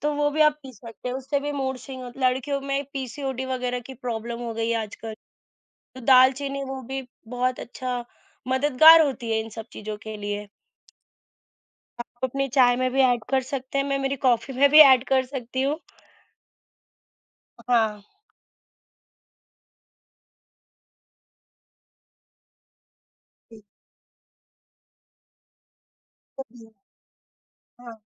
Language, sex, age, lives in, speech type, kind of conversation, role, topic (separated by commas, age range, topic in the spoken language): Hindi, female, 25-29, India, conversation, unstructured, none, क्या आप सुबह चाय पसंद करते हैं या कॉफी, और क्यों?